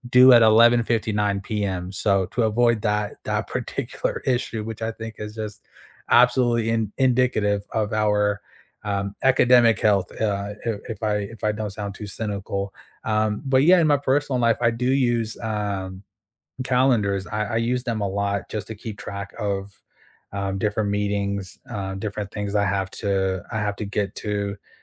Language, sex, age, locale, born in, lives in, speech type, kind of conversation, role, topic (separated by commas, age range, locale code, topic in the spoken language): English, male, 25-29, en-US, United States, United States, conversation, unstructured, none, How do you balance work and personal life?